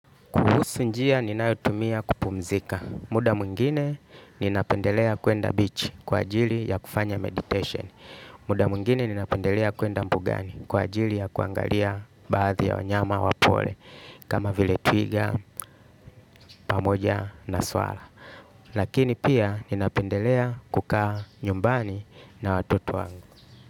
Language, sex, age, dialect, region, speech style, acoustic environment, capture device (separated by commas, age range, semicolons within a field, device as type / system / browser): Swahili, male, 35-44, Tanzania - Dar es Salaam, Tanzania, happy, music; nature, desktop / Linux / Chrome